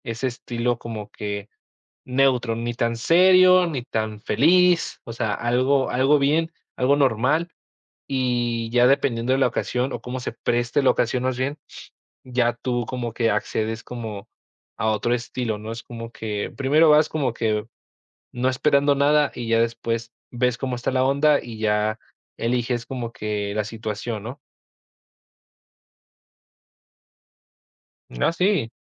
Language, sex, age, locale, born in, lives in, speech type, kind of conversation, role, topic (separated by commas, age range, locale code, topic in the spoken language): Spanish, male, 20-24, es-MX, Mexico, Mexico, conversation, podcast, host, ¿Qué prenda nunca falta en tu armario y por qué?